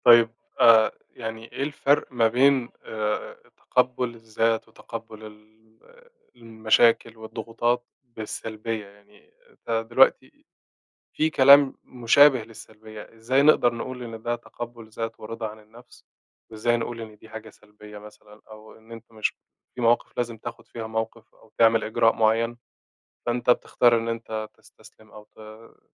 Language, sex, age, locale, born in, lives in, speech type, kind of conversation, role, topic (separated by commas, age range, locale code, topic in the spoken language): Arabic, male, 25-29, ar-EG, Egypt, Egypt, conversation, podcast, host, إزاي بتعتني بصحتك النفسية كل يوم؟